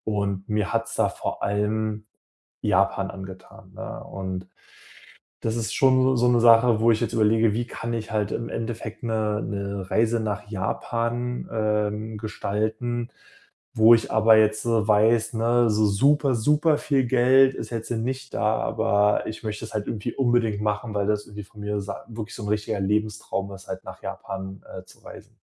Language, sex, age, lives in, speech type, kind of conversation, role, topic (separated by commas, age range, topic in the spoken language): German, male, 25-29, Germany, conversation, advice, user, Wie plane ich eine spannende Reise mit wenig Geld?